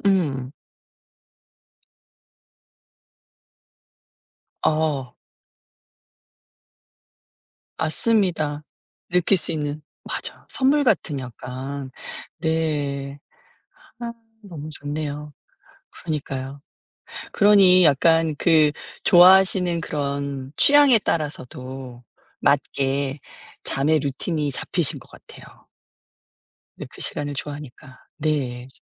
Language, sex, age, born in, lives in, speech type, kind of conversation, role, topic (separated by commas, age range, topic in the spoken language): Korean, female, 50-54, South Korea, United States, conversation, podcast, host, 요즘 잠은 어떻게 챙기세요?